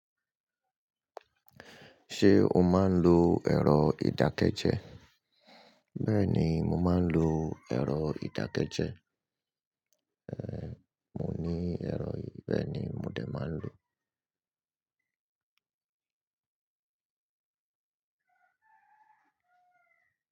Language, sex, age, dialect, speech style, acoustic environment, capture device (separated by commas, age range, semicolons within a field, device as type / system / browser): Yoruba, male, 35-44, Nigeria - Lagos Yoruba, happy, people talking, mobile / Linux / Chrome